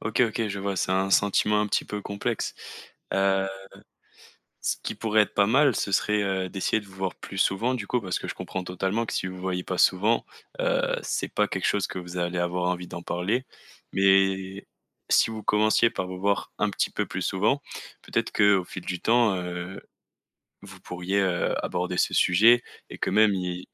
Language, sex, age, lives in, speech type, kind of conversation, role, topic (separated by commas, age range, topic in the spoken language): French, male, 20-24, France, conversation, advice, advisor, Comment gérer le ressentiment et la rancœur envers un ami qui m’a déçu sans tout gâcher ?